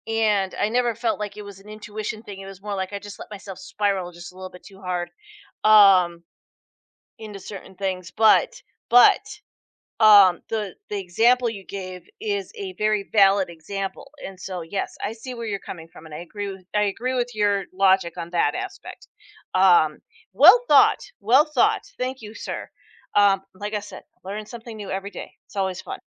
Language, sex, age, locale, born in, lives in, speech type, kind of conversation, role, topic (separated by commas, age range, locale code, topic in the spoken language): English, female, 45-49, en-US, United States, United States, conversation, podcast, host, How do you approach making tough decisions?